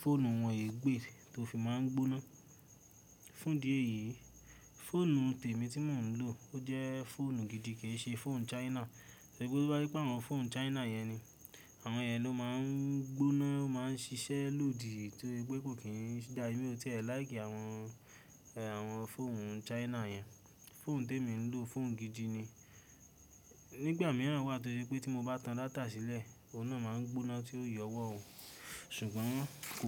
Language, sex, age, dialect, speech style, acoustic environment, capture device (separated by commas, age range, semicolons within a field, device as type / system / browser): Yoruba, male, 18-24, Nigeria - Ibadan, happy, silence, mobile / Linux / Chrome